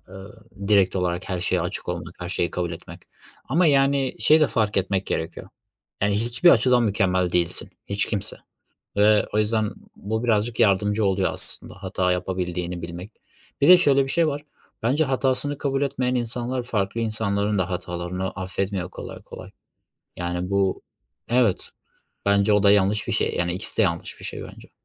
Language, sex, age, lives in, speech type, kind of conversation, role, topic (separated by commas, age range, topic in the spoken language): Turkish, male, 25-29, Germany, conversation, unstructured, none, Kendi hatalarımızı kabul etmek neden önemlidir?